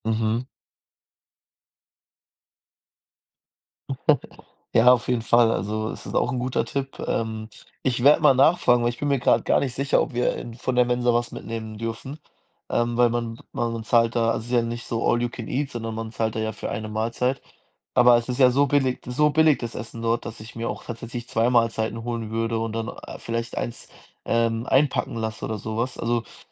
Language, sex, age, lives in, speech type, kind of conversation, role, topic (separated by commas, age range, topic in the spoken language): German, male, 20-24, Germany, conversation, advice, user, Warum fällt es mir nach einem langen Arbeitstag schwer, gesunde Mahlzeiten zuzubereiten?